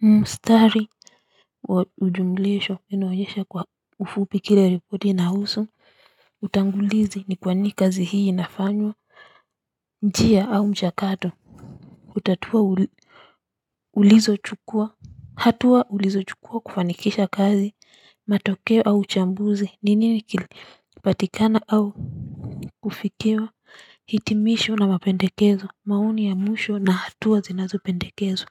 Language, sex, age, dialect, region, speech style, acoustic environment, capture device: Swahili, female, 35-44, Kenya - Nairobi Sheng-influenced, Kenya, focused, silence; construction, mobile / Linux / Chrome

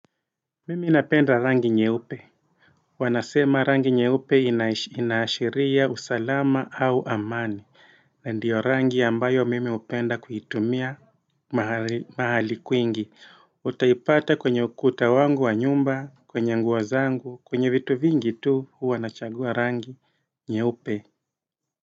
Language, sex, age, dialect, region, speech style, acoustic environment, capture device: Swahili, male, 35-44, Kenya - Mombasa, Kenya, happy; relaxed; focused, nature; silence, desktop / Windows / Edge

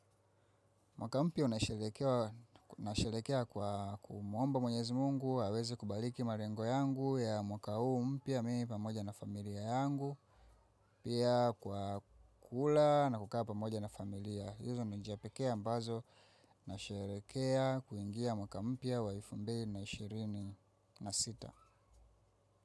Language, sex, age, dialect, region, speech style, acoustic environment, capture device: Swahili, female, 25-34, Tanzania - Dar es Salaam, Tanzania, focused; excited; relaxed, silence, mobile / macOS / Safari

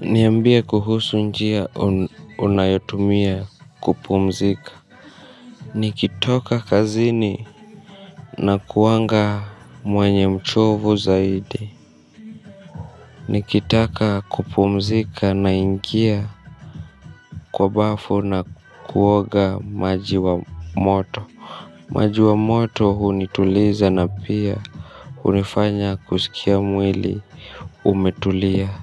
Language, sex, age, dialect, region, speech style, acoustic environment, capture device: Swahili, male, 25-34, Kenya - Nairobi Sheng-influenced, Kenya, focused; nervous; tired, people talking; music, mobile / Linux / Chrome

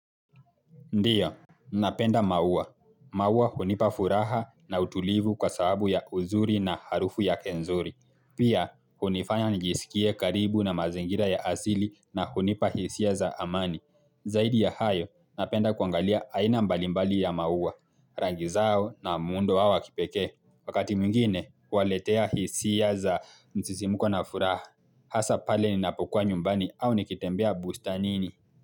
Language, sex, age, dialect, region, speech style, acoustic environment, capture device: Swahili, male, 18-24, Kenya - Mombasa, Kenya, focused, silence, mobile / Linux / Chrome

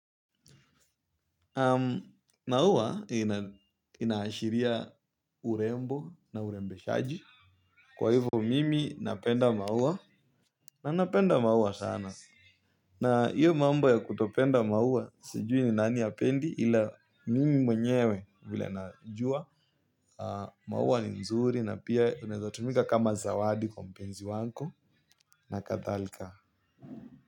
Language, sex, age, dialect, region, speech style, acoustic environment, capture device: Swahili, male, 18-24, Kenya - Nairobi Sheng-influenced, Kenya, happy, silence, mobile / Linux / Chrome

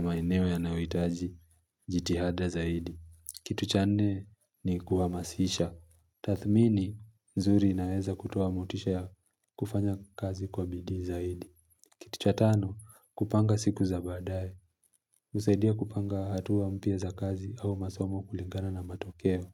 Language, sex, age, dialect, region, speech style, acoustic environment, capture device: Swahili, male, 25-34, Kenya - Mombasa, Kenya, relaxed; focused, nature; silence, mobile / Linux / Chrome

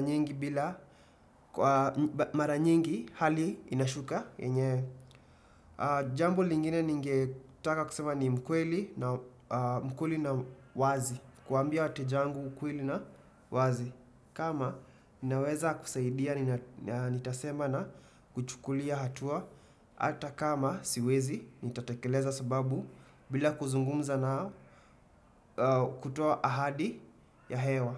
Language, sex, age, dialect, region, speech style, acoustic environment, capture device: Swahili, male, 25-34, Kenya - Nairobi Sheng-influenced, Kenya, happy, silence, desktop / Windows / Chrome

